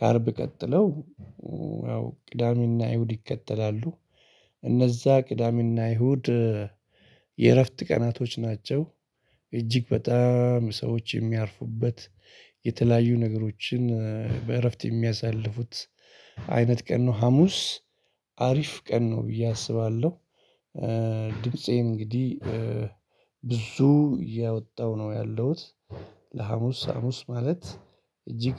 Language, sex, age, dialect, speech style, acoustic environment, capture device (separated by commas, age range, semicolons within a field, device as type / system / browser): Amharic, male, 35-44, Ethiopia - Addis Ababa, excited; focused, people talking; appliances, mobile / Linux / Chrome